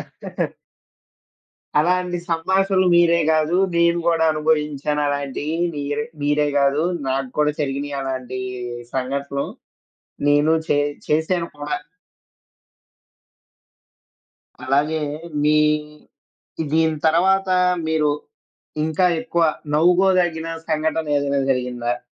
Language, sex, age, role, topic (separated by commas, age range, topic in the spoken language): Telugu, male, 20-24, host, మీకు పాఠశాల రోజుల నుంచి ఇప్పటికీ స్పష్టంగా గుర్తుండిపోయిన జ్ఞాపకం ఏది?